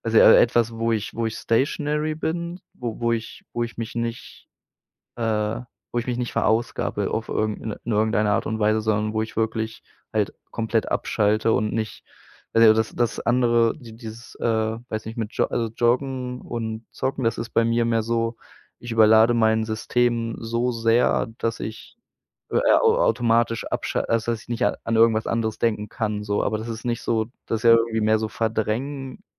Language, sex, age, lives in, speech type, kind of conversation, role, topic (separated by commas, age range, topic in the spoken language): German, male, 25-29, Germany, conversation, podcast, host, Welche Aktivität hilft dir am besten, abzuschalten?